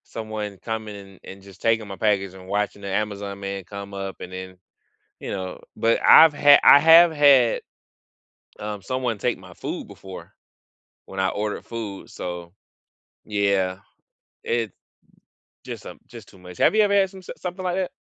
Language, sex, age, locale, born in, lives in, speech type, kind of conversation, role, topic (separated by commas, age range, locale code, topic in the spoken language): English, male, 35-39, en-US, United States, United States, conversation, unstructured, none, What smart home successes and failures have you experienced recently?